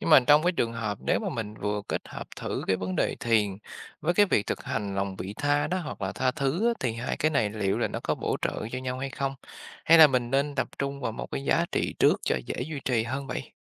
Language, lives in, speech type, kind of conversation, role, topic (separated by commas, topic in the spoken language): Vietnamese, Vietnam, read, expert-assistant, asker, Người trẻ nên chọn và thực hành giá trị tôn giáo nào để cân bằng cuộc sống?